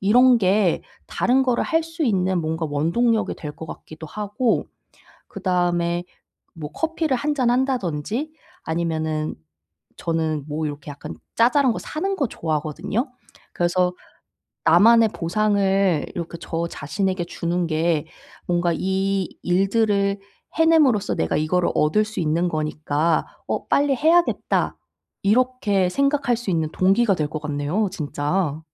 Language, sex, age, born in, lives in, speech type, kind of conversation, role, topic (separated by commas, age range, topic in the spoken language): Korean, female, 30-34, South Korea, South Korea, conversation, advice, user, 부정적 습관을 새로운 행동으로 바꾸는 방법은 무엇인가요?